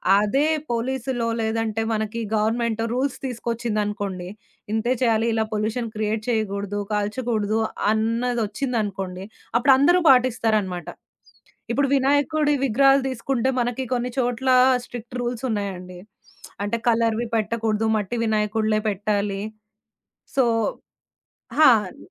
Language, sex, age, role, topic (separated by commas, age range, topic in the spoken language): Telugu, female, 30-34, guest, వినాయక చవితి వంటి పండుగలను తక్కువ వ్యర్థాలతో పర్యావరణానికి హితంగా ఎలా జరుపుకోవాలి?